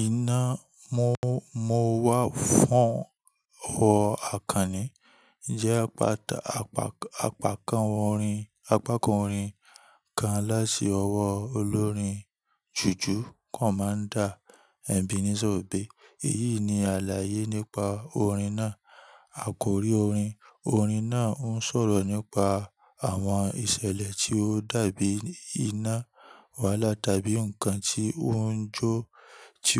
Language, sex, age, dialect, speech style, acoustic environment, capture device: Yoruba, male, 25-34, Nigeria - Ibadan, relaxed, nature, mobile / Linux / Chrome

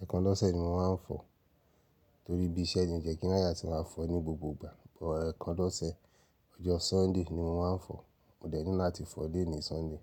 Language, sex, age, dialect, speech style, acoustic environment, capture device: Yoruba, male, 35-44, Nigeria - Nigerian Standard, relaxed, silence, mobile / Linux / Chrome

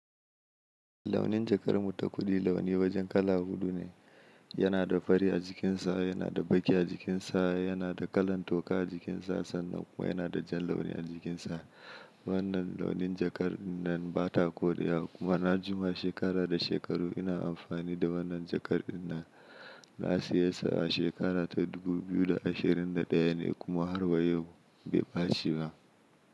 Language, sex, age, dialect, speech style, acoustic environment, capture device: Hausa, male, 25-34, Nigeria - Kano, happy, silence, mobile / Linux / Chrome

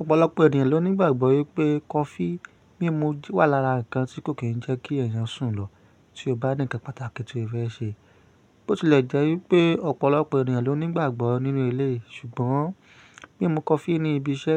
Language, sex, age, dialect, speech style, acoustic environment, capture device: Yoruba, male, 25-34, Nigeria - Ibadan, relaxed; stressed, nature; silence, mobile / Linux / Chrome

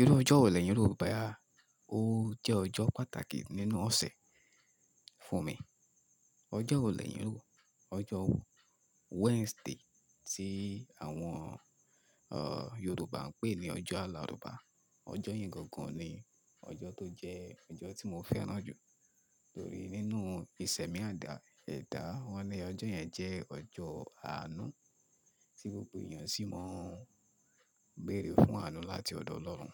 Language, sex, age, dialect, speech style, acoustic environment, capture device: Yoruba, male, 25-34, Nigeria - Ibadan, happy, nature, mobile / Linux / Chrome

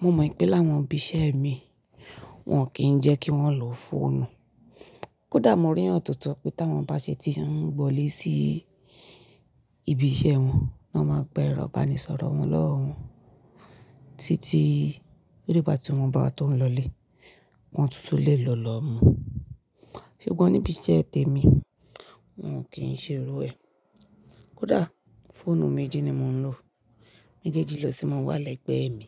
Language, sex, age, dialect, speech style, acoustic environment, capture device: Yoruba, female, 25-34, Nigeria - Nigerian Standard, focused, appliances, mobile / Linux / Chrome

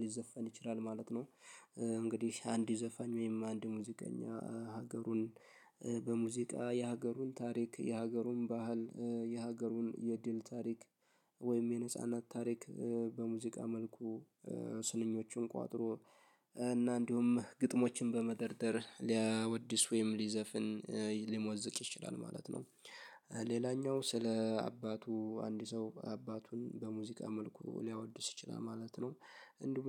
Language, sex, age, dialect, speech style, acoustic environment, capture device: Amharic, male, 18-24, Ethiopia - Addis Ababa, happy; focused, nature; silence, mobile / Linux / Chrome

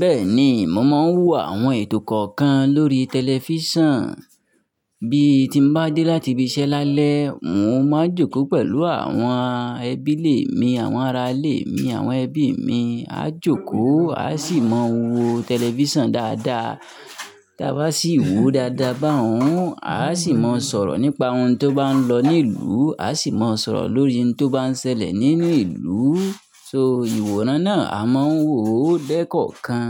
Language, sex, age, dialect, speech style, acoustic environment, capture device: Yoruba, male, 25-34, Nigeria - Nigerian Standard, focused, nature, mobile / Linux / Chrome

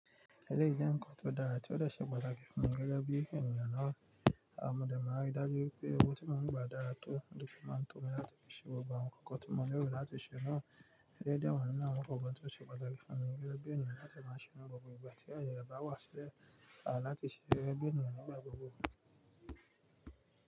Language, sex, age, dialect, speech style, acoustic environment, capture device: Yoruba, male, 25-34, Nigeria - Nigerian Standard, relaxed, music, mobile / Linux / Chrome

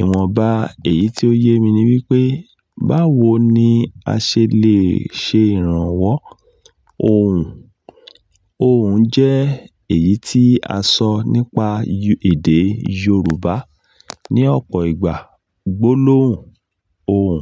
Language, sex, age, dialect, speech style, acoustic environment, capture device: Yoruba, male, 35-44, Nigeria - Ibadan, anxious, nature, mobile / Linux / Chrome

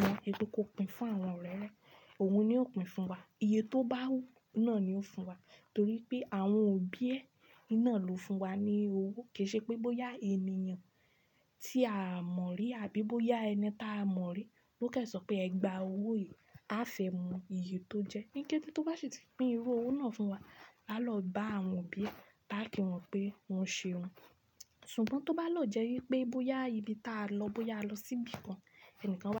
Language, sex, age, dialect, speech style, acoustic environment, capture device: Yoruba, female, 25-34, Nigeria - Ibadan, anxious, silence, desktop / Linux / Chrome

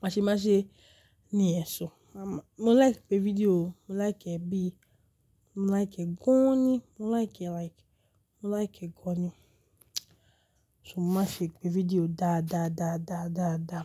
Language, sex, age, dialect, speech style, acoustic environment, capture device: Yoruba, female, 35-44, Nigeria - Lagos Yoruba, excited; relaxed, nature, mobile / Linux / Chrome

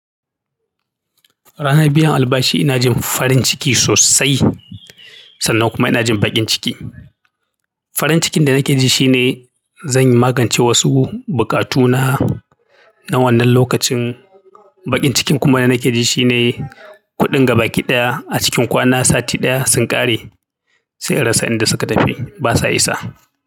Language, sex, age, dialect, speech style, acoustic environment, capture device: Hausa, male, 35-44, Nigeria - Kano, happy, silence, mobile / Linux / Chrome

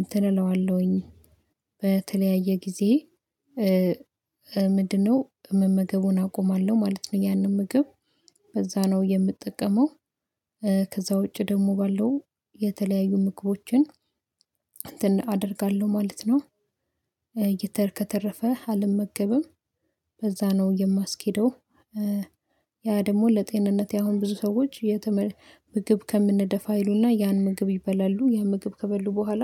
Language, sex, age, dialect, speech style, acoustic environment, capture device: Amharic, female, 25-34, Ethiopia - Addis Ababa, excited; happy, silence, mobile / Linux / Chrome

